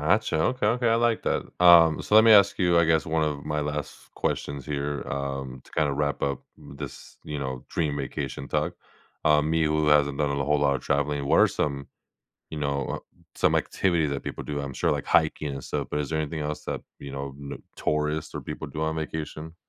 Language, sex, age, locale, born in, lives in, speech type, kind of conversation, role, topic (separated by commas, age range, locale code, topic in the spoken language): English, male, 25-29, en-US, United States, United States, conversation, advice, user, How do I plan my dream vacation?